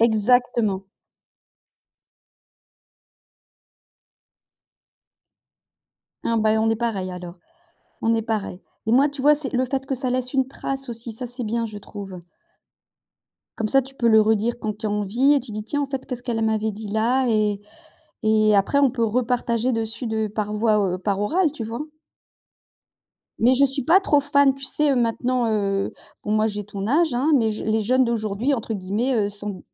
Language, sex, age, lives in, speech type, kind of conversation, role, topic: French, female, 55-59, France, conversation, unstructured, none, Qu’est-ce que tu aimes faire pour te changer les idées ?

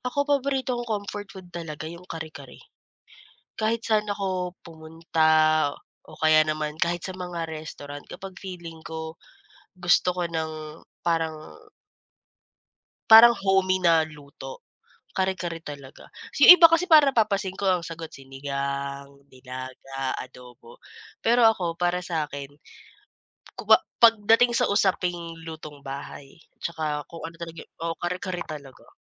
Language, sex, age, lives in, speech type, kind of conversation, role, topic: Filipino, female, 25-29, Philippines, conversation, podcast, guest, Ano ang paborito mong pagkaing pampalubag-loob, at bakit?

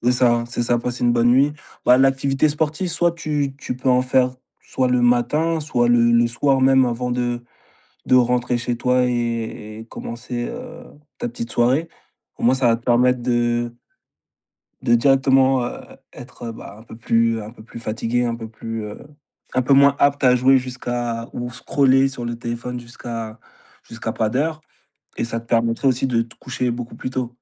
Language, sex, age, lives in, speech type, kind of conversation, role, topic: French, male, 25-29, France, conversation, advice, advisor, Pourquoi n’arrives-tu pas à suivre une routine matinale régulière ?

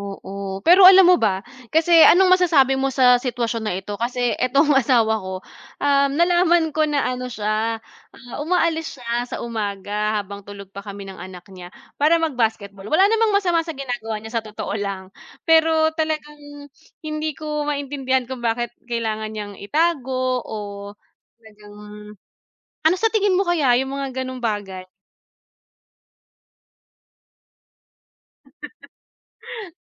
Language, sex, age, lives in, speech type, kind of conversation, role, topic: Filipino, female, 30-34, Philippines, conversation, unstructured, none, Ano ang inyong mga paraan upang mabuo at mapanatili ang tiwala sa inyong kapareha?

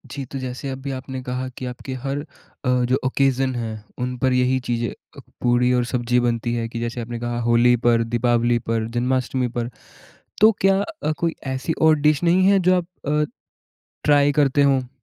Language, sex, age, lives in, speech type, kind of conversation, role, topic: Hindi, male, 20-24, India, conversation, podcast, host, Aapke parivaar ke liye kaunsi tyohari dish sabse khaas hoti hai?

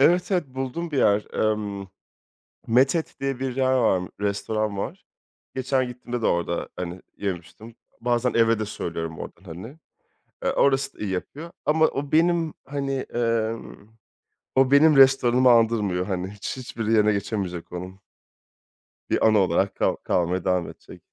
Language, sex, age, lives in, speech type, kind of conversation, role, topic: Turkish, male, 30-34, France, conversation, podcast, guest, Yerel yemeklerle ilgili unutamadığın bir anın var mı?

